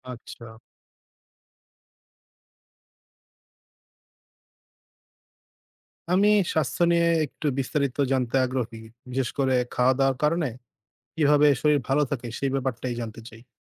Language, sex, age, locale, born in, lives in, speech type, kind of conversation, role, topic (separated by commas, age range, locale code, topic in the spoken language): Bengali, male, 20-24, bn-BD, Bangladesh, Bangladesh, read, expert-assistant, asker, পর্যাপ্ত ফলমূল না খেলে শরীরের রোগ প্রতিরোধ ক্ষমতা কীভাবে কমে যায়